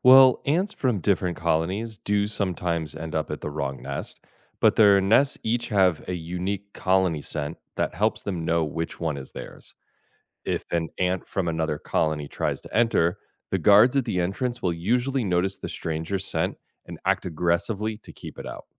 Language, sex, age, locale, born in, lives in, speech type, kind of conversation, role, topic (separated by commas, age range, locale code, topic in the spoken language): English, male, 40-44, en-US, United States, United States, read, expert-assistant, expert, How do ants find their way back to the nest?